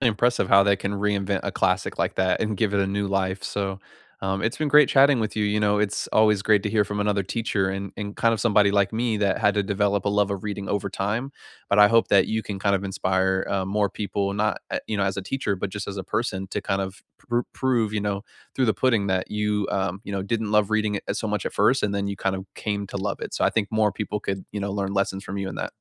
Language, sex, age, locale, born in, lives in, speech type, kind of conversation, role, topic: English, male, 55-59, en-US, United States, United States, conversation, unstructured, none, Which books did you read in school that most influenced how you think and who you became, and why?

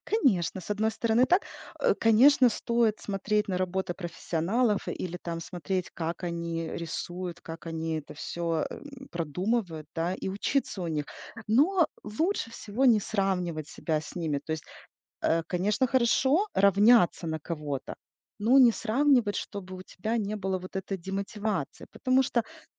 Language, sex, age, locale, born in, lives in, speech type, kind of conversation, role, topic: Russian, female, 40-44, ru-RU, Ukraine, United States, conversation, advice, advisor, Как выражать себя через искусство, не боясь чужой оценки?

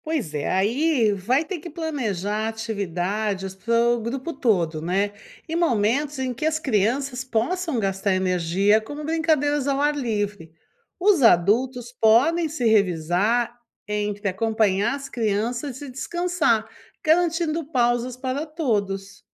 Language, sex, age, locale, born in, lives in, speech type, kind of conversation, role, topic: Portuguese, female, 55-59, pt-BR, Brazil, United States, read, expert-assistant, expert, Como planejar uma viagem que ajude a encontrar mais equilíbrio entre trabalho e lazer?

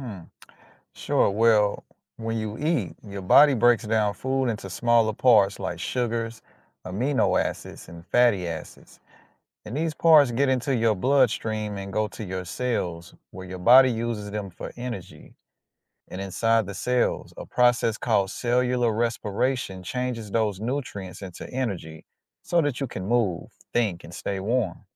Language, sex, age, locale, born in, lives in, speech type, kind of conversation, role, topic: English, male, 35-39, en-US, United States, United States, read, expert-assistant, expert, How does the digestive system turn food into energy?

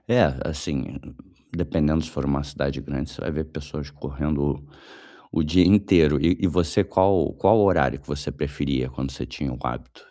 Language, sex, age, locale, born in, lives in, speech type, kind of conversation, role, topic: Portuguese, male, 35-39, pt-BR, Brazil, Germany, conversation, advice, advisor, Como voltar a um hábito depois de um contratempo?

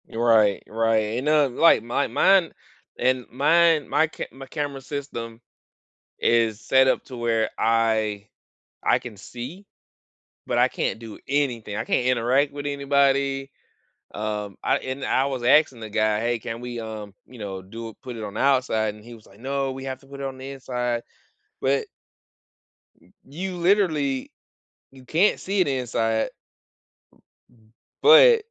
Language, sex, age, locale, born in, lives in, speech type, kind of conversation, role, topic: English, male, 35-39, en-US, United States, United States, conversation, unstructured, none, What smart home successes and failures have you experienced recently?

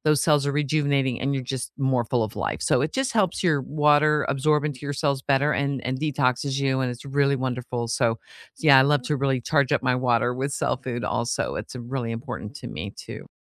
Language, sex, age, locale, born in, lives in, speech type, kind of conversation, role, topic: English, female, 55-59, en-US, United States, United States, conversation, unstructured, none, What does feeling well look like for you?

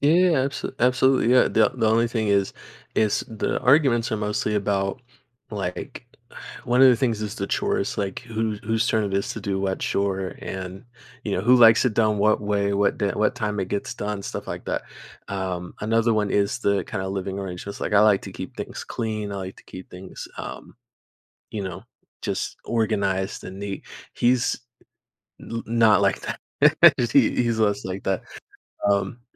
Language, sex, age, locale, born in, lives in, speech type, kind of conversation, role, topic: English, male, 20-24, en-US, United States, United States, conversation, advice, user, How can I repair my friendship after a disagreement?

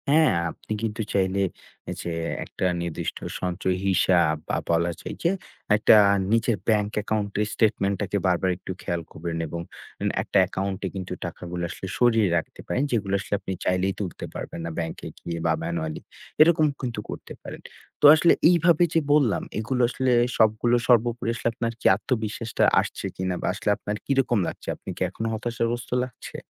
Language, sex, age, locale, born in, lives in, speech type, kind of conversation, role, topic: Bengali, male, 20-24, bn-BD, Bangladesh, Bangladesh, conversation, advice, advisor, আয় বাড়লেও ব্যয় বাড়ছে, সঞ্চয় হচ্ছে না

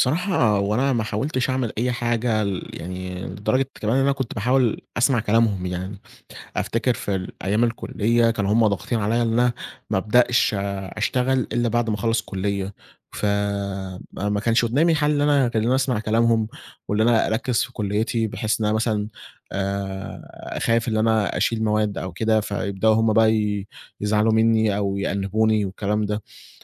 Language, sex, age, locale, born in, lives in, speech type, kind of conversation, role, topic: Arabic, male, 20-24, ar-EG, Egypt, Egypt, conversation, advice, user, إزاي أتعامل مع الضغط الاجتماعي اللي بيخلّيني أختار مسار حياة ليه معنى؟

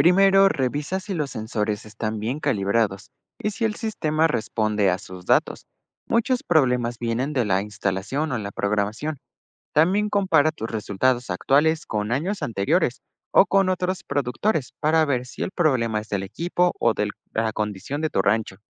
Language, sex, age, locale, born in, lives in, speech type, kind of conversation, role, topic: Spanish, male, 18-19, es-MX, Mexico, Mexico, read, expert-assistant, expert, ¿Qué hago si las nuevas herramientas agrícolas no están funcionando como esperaba en mi rancho?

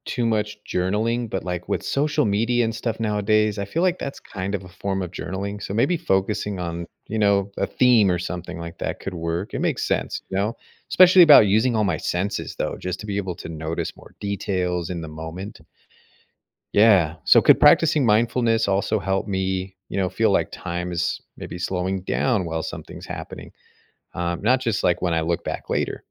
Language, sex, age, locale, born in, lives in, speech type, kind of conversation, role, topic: English, male, 35-39, en-US, United States, United States, read, expert-assistant, asker, How does your brain interpret time?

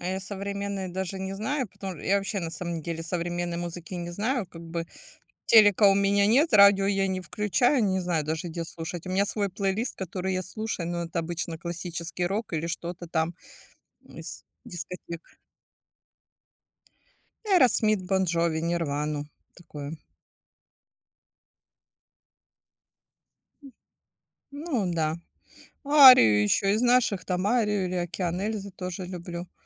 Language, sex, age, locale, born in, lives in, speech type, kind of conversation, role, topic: Russian, female, 40-44, ru-RU, Ukraine, Spain, conversation, unstructured, none, Как музыка влияет на ваше настроение каждый день?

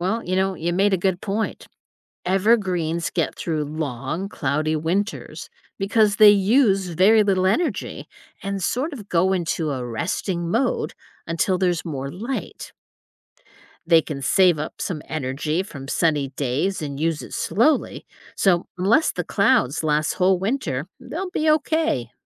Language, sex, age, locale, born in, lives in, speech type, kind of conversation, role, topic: English, female, 55-59, en-US, United States, United States, read, expert-assistant, expert, How do plants survive in extremely cold climates?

